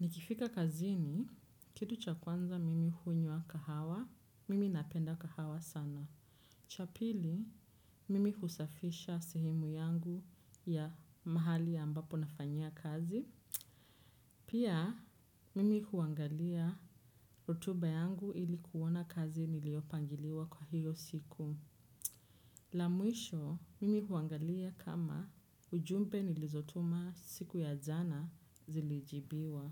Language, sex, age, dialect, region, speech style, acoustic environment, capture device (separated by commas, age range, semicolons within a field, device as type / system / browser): Swahili, female, 25-34, Kenya - Nairobi Sheng-influenced, Kenya, relaxed; focused, silence, desktop / Windows / Chrome